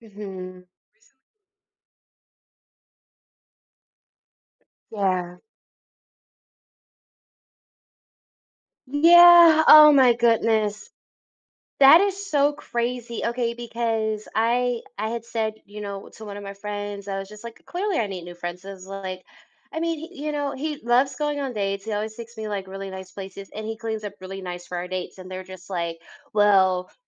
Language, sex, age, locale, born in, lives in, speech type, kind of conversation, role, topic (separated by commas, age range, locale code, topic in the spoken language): English, female, 30-34, en-US, United States, United States, conversation, advice, user, How can I bring up a partner's hygiene kindly?